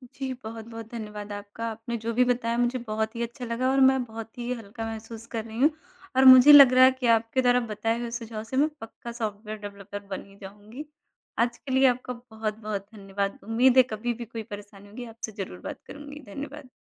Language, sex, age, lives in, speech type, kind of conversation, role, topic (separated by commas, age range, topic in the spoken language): Hindi, female, 30-34, India, conversation, advice, user, परिवार के सदस्यों से लगातार निजी फैसलों पर ताने सुनने का आप पर क्या असर होता है?